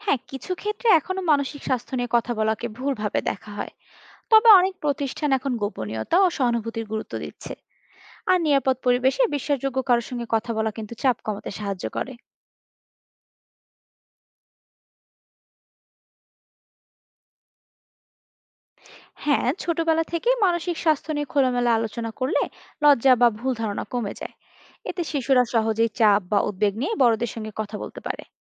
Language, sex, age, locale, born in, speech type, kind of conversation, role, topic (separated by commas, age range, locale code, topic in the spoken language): Bengali, female, 25-29, bn-BD, Bangladesh, read, expert-assistant, expert, অনেকে বলেন, মানসিক স্বাস্থ্য বিশেষজ্ঞের দরকার কেবল বড় কোনো সমস্যা হলে — এই কথাটা কতটা সত্য?